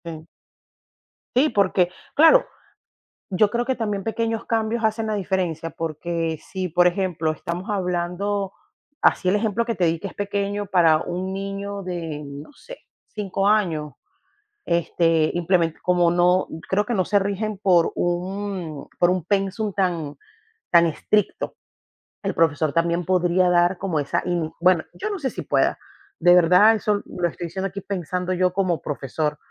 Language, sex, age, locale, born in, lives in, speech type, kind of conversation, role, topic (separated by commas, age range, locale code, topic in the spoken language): Spanish, female, 35-39, es-VE, Venezuela, United States, conversation, unstructured, none, ¿Crees que la educación debería cambiar? ¿Por qué?